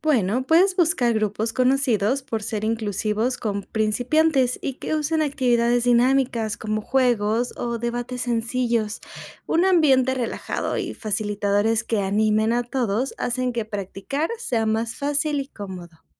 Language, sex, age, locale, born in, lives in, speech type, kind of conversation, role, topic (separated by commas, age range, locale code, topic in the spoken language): Spanish, female, 25-29, es-MX, Mexico, Mexico, read, expert-assistant, expert, ¿Qué métodos prácticos ayudan a mejorar nuestra capacidad de adaptarnos a nuevas culturas cuando viajamos o emigramos?